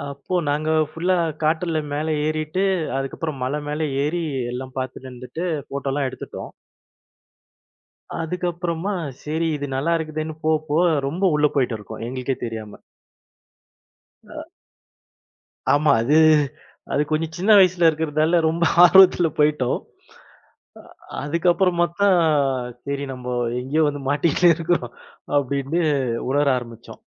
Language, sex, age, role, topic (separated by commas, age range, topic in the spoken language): Tamil, male, 40-44, guest, ஒருநாள் வழி தெரியாமல் தொலைந்து போன அனுபவத்தைச் சொல்ல முடியுமா?